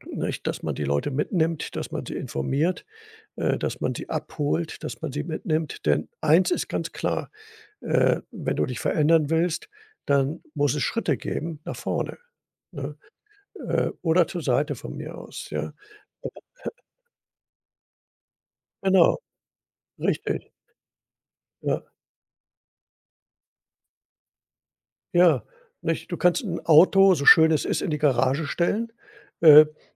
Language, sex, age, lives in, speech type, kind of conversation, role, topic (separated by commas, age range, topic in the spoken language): German, male, 65-69, Germany, conversation, podcast, guest, Mal ehrlich: Was bedeutet die Komfortzone für dich?